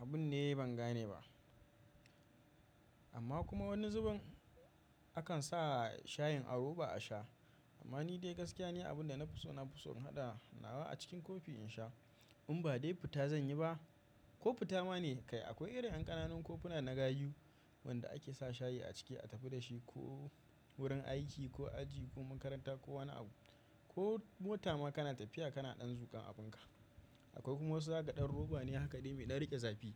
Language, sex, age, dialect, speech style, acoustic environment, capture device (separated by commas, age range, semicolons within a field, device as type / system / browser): Hausa, male, 25-34, Nigeria - Kano, happy, silence, mobile / macOS / Safari